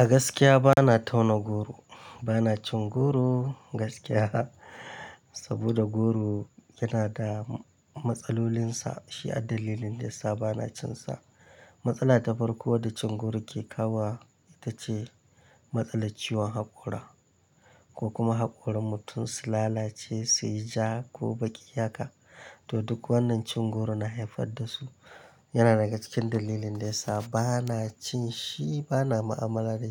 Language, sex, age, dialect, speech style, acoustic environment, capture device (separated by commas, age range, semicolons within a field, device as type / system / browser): Hausa, male, 25-34, Nigeria - Kano, happy; excited, nature, mobile / Linux / Chrome